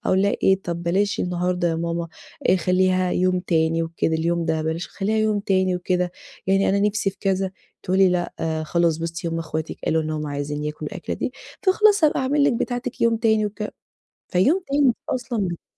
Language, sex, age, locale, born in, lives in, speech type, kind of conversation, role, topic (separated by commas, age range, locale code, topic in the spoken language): Arabic, female, 30-34, ar-EG, Egypt, Portugal, conversation, advice, user, إزاي أتعامل مع الغيرة والمقارنات بيني وبين إخواتي؟